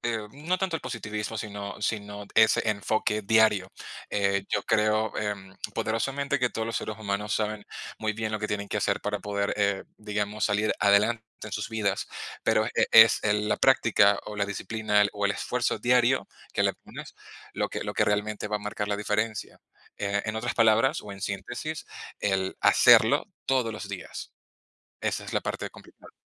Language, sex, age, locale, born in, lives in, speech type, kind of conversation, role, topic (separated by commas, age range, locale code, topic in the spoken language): Spanish, male, 30-34, es-VE, Venezuela, Spain, conversation, unstructured, none, ¿Cuáles son tus sueños para el futuro?